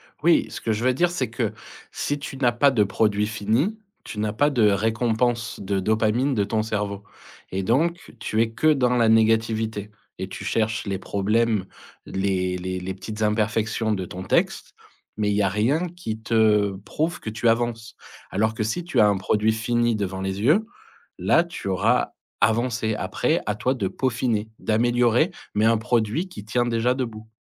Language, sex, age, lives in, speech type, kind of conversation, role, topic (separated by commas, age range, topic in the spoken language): French, male, 40-44, France, conversation, advice, advisor, Comment surmonter le perfectionnisme qui sabote ma pratique créative quotidienne ?